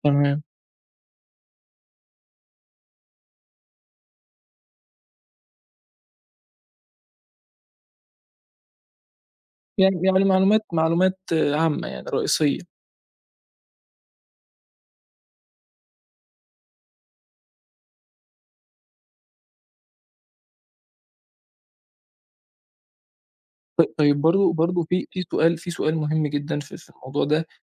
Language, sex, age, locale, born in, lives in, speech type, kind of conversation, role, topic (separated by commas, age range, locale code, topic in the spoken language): Arabic, male, 20-24, ar-EG, Egypt, Egypt, conversation, podcast, host, إزاي بتتعامل مع بواقي الأكل بدل ما ترميها؟